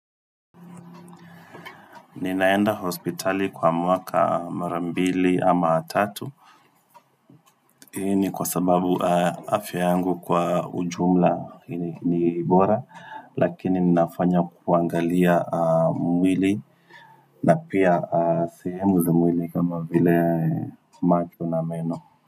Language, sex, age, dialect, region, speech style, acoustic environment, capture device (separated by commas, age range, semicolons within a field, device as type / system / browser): Swahili, male, 25-34, Kenya - Nairobi Sheng-influenced, Kenya, focused, silence, desktop / Windows / Firefox